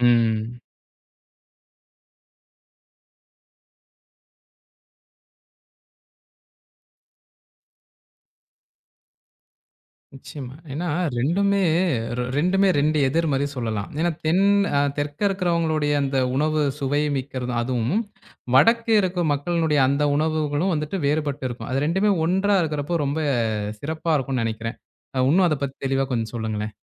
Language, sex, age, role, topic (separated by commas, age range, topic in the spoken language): Tamil, male, 20-24, host, பல்வேறு சமையல்களின் சுவைகளை இணைத்த ஒரு நினைவை சொல்ல முடியுமா?